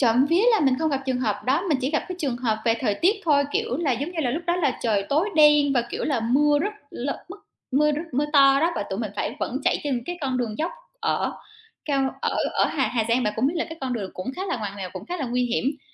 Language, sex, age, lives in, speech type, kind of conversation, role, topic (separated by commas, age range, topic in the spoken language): Vietnamese, female, 30-34, Vietnam, conversation, unstructured, none, Làm sao bạn giữ an toàn khi đi du lịch bụi?